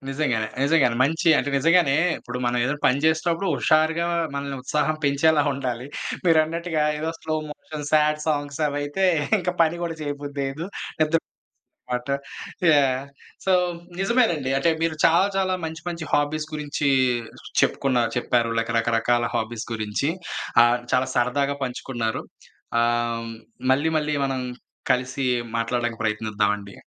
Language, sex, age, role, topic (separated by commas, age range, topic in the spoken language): Telugu, male, 25-29, host, మీరు కుటుంబంతో కలిసి పంచుకునే హాబీ ఏది?